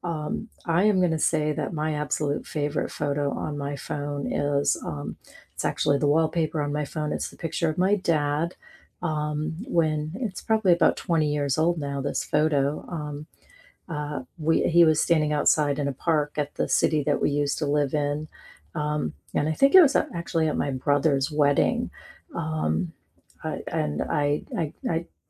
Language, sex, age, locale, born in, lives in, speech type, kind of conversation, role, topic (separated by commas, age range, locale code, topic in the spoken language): English, female, 55-59, en-US, United States, United States, conversation, unstructured, none, What’s the story behind your favorite photo on your phone?